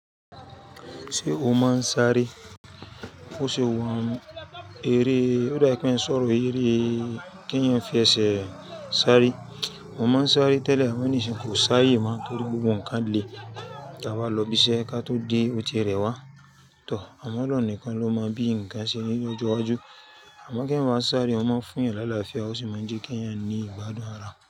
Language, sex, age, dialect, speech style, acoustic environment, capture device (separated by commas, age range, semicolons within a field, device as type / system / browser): Yoruba, female, 35-44, Nigeria - Lagos Yoruba, focused; happy, nature, mobile / Linux / Chrome